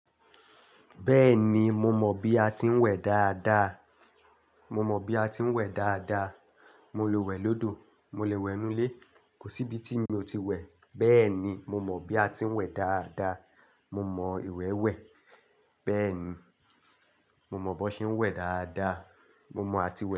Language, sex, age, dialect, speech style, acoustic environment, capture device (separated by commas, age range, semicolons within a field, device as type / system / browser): Yoruba, male, 25-34, Nigeria - Lagos Yoruba, happy, silence, desktop / Linux / Chrome